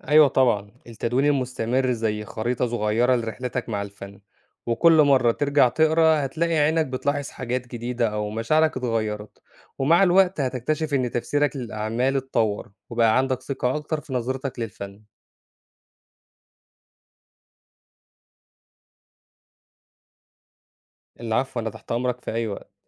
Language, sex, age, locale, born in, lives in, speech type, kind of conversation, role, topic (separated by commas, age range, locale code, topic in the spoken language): Arabic, male, 25-29, ar-EG, Egypt, Egypt, read, expert-assistant, expert, إزاي أقدر أطور نظرتي الفنية وأفهم الأعمال الفنية بشكل أعمق، حتى لو مش فنان محترف؟